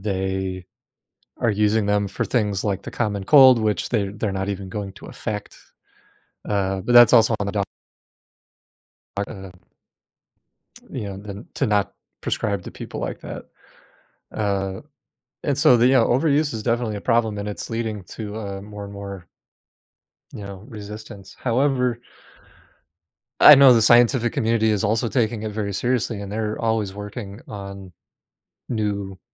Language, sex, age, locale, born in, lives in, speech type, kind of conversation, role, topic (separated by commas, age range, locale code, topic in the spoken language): English, male, 35-39, en-US, United States, United States, conversation, podcast, guest, How have advancements in medicine transformed healthcare and patient experiences over the years?